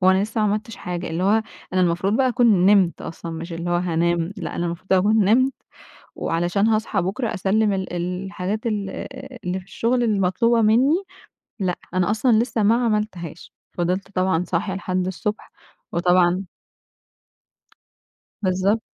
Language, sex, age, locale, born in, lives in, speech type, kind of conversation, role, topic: Arabic, female, 20-24, ar-EG, Egypt, Egypt, conversation, advice, user, إزاي بتسوف وبتأجل مهامك، وإيه اللي ممكن يساعدك تبطّل العادة دي؟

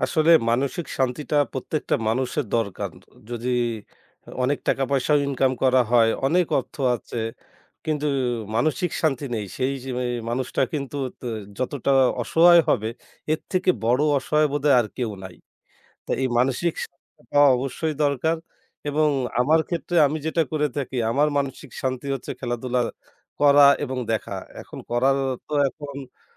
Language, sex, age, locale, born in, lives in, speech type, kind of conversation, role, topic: Bengali, male, 25-29, bn-BD, Bangladesh, Bangladesh, conversation, podcast, guest, এই কাজটা করলে আপনি কেমন মানসিক শান্তি পান?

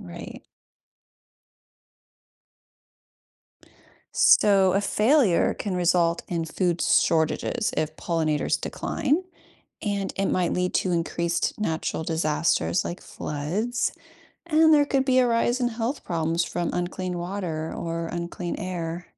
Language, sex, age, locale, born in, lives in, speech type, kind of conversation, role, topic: English, female, 40-44, en-US, United States, United States, read, expert-assistant, expert, What is an ecosystem service, and why are they valuable?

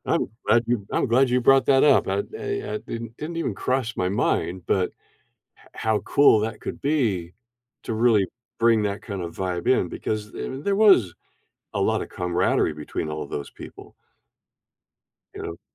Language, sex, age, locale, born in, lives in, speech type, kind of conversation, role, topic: English, male, 70-74, en-US, Canada, United States, conversation, advice, user, How do I coordinate planning and budgeting with my friends to make our big vacation go smoothly?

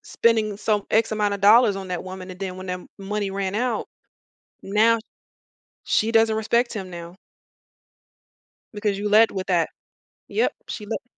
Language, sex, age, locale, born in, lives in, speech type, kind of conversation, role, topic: English, female, 35-39, en-US, United States, United States, conversation, unstructured, none, What lessons can we learn from observing other people's financial mistakes?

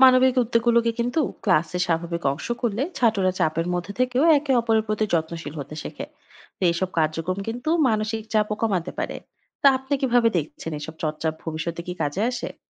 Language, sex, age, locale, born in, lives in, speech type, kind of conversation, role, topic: Bengali, female, 25-29, bn-BD, Bangladesh, Finland, read, expert-assistant, expert, শিক্ষা ব্যবস্থার মূল উদ্দেশ্য কী হওয়া উচিত—জ্ঞান অর্জন, নৈতিকতা বিকাশ, না কি পেশাগত প্রস্তুতি?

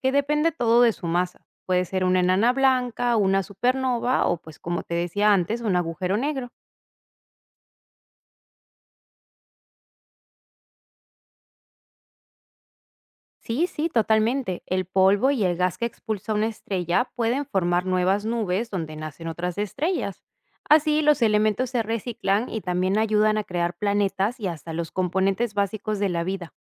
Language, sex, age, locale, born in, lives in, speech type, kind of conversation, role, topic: Spanish, female, 30-34, es-PE, Peru, Italy, read, expert-assistant, expert, ¿Cuál es una manera sencilla de entender cómo nacen y evolucionan las estrellas?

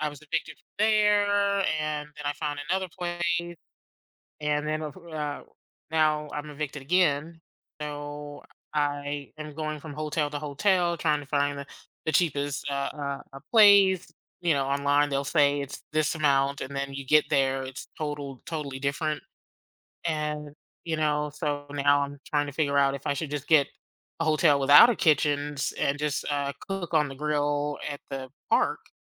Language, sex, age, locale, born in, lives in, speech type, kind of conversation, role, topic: English, female, 50-54, en-US, United States, United States, conversation, advice, user, How can I reduce stress balancing family and work?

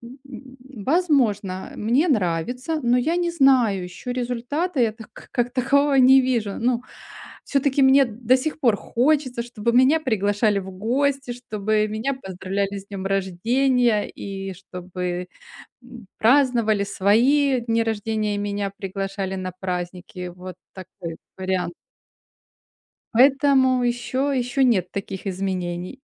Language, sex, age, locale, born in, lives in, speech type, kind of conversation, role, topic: Russian, female, 45-49, ru-RU, Ukraine, Spain, conversation, advice, user, Как мне сосредоточиться на вещах, которые я могу изменить?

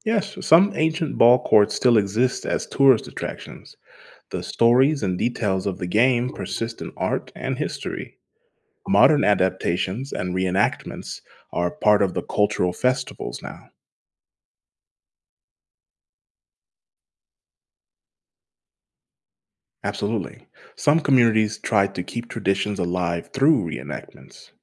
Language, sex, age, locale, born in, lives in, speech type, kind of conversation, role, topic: English, male, 30-34, en-US, United States, United States, read, expert-assistant, expert, What was the first sport ever played with a ball?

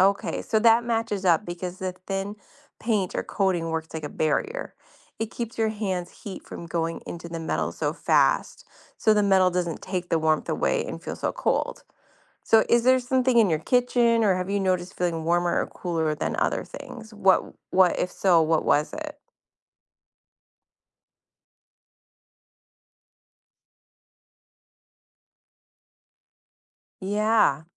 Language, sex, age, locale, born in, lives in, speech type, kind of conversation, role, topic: English, female, 40-44, en-US, United States, United States, read, expert-assistant, expert, Why does metal feel colder than wood at the same temperature?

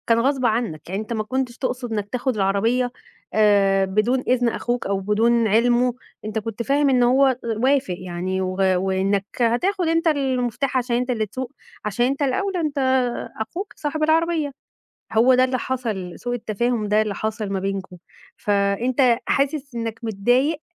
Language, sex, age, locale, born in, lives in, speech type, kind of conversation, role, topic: Arabic, female, 35-39, ar-EG, Egypt, Egypt, conversation, advice, advisor, إزاي أتعامل مع خلاف عن الحدود الشخصية والخصوصية جوه البيت؟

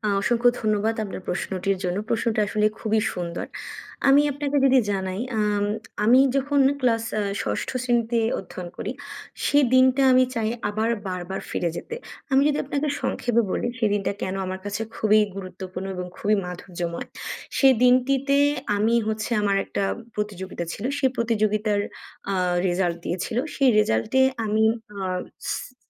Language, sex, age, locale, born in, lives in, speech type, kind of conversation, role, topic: Bengali, female, 20-24, bn-BD, Bangladesh, Bangladesh, conversation, unstructured, none, যদি তুমি তোমার জীবনের একটি দিন আবার বাঁচতে পারতে, কোন দিনটি বেছে নিতে?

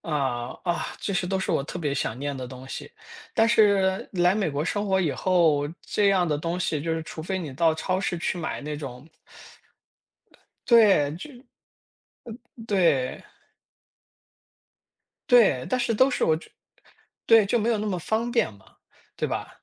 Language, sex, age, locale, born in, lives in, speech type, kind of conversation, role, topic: Chinese, male, 35-39, zh-CN, China, United States, conversation, podcast, guest, 早晨你通常有哪些固定的例行习惯？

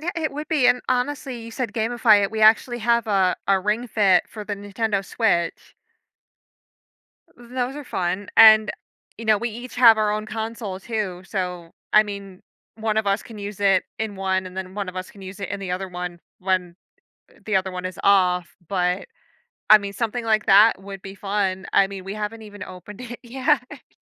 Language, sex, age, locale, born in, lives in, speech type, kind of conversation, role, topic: English, female, 30-34, en-US, United States, United States, conversation, podcast, host, What helps people build lasting motivation to exercise consistently?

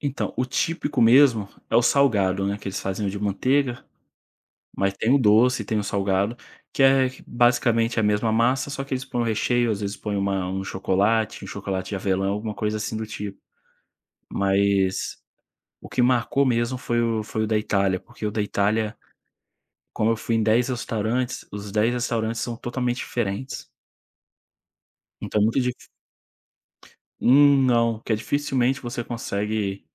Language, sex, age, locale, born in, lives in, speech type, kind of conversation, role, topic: Portuguese, male, 25-29, pt-BR, Brazil, Spain, conversation, podcast, guest, Como você começou a se interessar por culinária?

